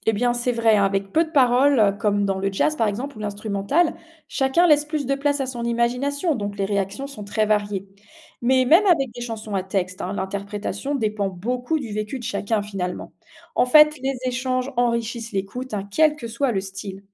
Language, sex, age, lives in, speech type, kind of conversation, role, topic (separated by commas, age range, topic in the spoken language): French, female, 45-49, France, read, expert-assistant, expert, Quels sites ou applications recommandez-vous pour suivre les dernières tendances en matière de musique ou de podcasts ?